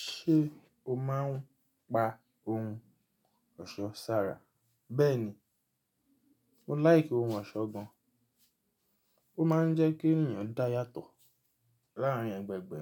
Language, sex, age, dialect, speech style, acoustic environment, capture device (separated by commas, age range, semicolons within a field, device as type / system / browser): Yoruba, male, 25-34, Nigeria - Nigerian Standard, tired; relaxed, silence, mobile / Linux / Chrome